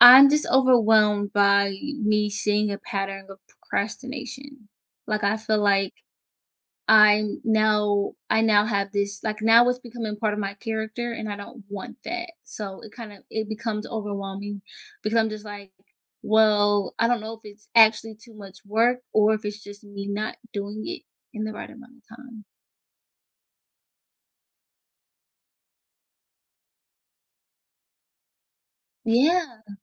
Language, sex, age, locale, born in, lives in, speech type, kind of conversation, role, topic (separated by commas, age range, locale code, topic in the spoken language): English, female, 25-29, en-US, United States, United States, conversation, advice, user, How can I manage overwhelming work deadlines?